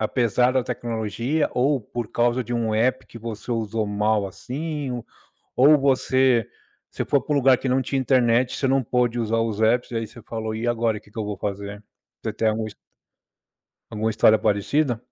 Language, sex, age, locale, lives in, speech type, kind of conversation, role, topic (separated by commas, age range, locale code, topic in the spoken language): Portuguese, male, 40-44, pt-BR, United States, conversation, podcast, host, Como a tecnologia ajudou você em viagens ou deslocamentos?